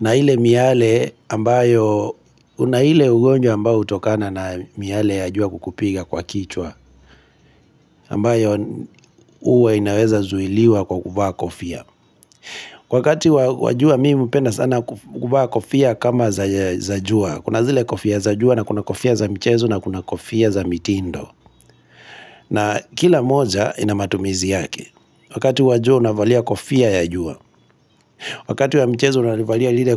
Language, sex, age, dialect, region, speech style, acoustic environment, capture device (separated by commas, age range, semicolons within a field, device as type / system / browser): Swahili, male, 25-34, Kenya - Kitui, Kenya, relaxed, nature, mobile / Linux / Chrome